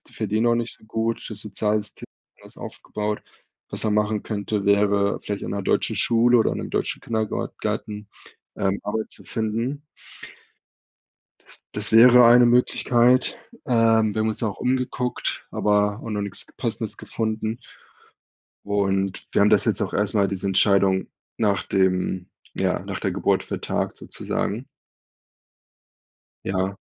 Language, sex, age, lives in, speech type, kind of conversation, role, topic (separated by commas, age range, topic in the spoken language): German, male, 30-34, Germany, conversation, advice, user, Wie kann ich Entscheidungen treffen, wenn alles unsicher und unklar ist?